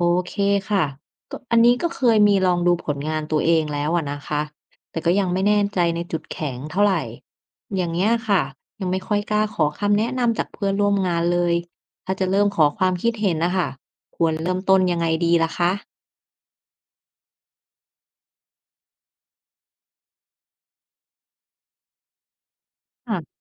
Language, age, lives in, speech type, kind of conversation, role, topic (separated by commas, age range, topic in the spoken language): Thai, 30-34, Thailand, read, expert-assistant, asker, ทำไมบางคนถึงหางานดีๆ ได้ง่ายกว่าคนอื่น?